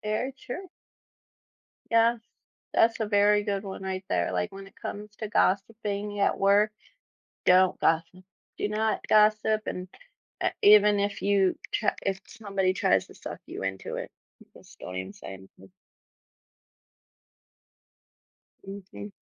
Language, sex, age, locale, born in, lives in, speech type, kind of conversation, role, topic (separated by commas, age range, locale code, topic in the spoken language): English, female, 35-39, en-US, United States, United States, conversation, podcast, guest, How do different skills contribute to success in various careers?